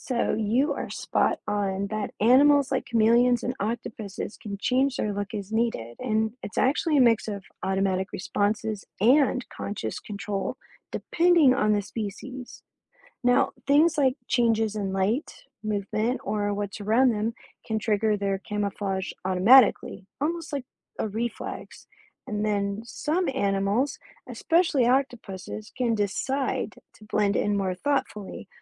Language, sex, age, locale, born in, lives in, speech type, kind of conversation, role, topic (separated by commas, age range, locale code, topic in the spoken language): English, female, 35-39, en-US, United States, United States, read, expert-assistant, expert, Why do some animals have camouflage?